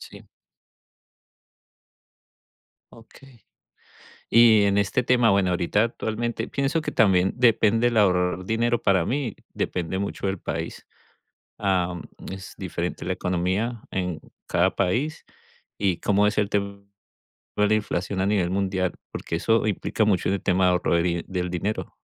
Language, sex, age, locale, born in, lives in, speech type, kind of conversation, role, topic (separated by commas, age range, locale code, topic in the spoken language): Spanish, male, 40-44, es-CO, Colombia, United States, conversation, unstructured, none, ¿Cuál es tu forma favorita de ahorrar dinero?